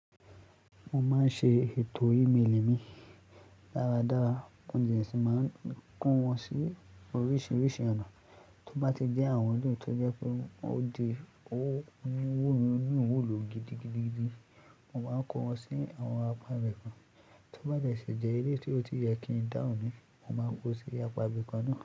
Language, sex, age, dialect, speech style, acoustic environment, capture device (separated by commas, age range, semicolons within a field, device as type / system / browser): Yoruba, male, 18-24, Nigeria - Lagos Yoruba, focused, music; people talking; appliances; construction; traffic, mobile / Linux / Chrome